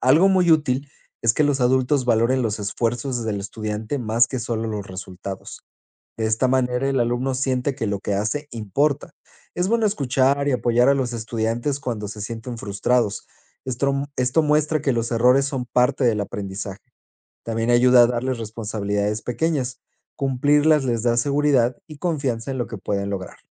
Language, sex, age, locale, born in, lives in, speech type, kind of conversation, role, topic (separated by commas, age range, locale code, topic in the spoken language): Spanish, male, 35-39, es-MX, Mexico, Spain, read, expert-assistant, expert, La relación entre la autoestima y el éxito escolar